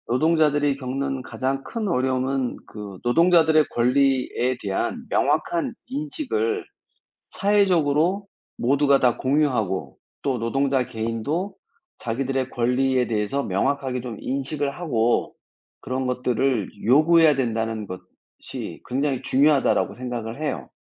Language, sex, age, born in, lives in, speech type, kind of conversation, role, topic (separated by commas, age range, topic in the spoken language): Korean, male, 55-59, South Korea, France, conversation, unstructured, none, 노동자 권리가 제대로 보호받지 못하는 이유는 무엇일까요?